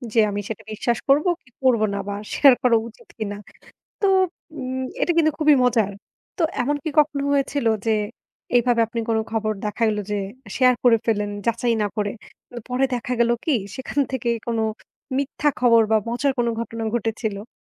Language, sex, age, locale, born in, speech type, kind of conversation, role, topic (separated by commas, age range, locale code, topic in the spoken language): Bengali, female, 35-39, bn-BD, Bangladesh, conversation, podcast, host, কোনো খবর শেয়ার করার আগে আপনি কি যাচাই করেন, আর কীভাবে করেন?